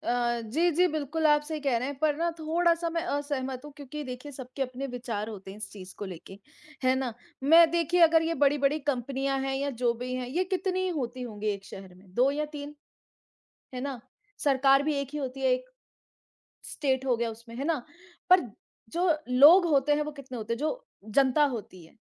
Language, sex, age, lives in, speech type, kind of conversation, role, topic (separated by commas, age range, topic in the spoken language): Hindi, female, 25-29, India, conversation, unstructured, none, क्या आप प्लास्टिक के इस्तेमाल को कम करने के पक्ष में हैं, और क्यों?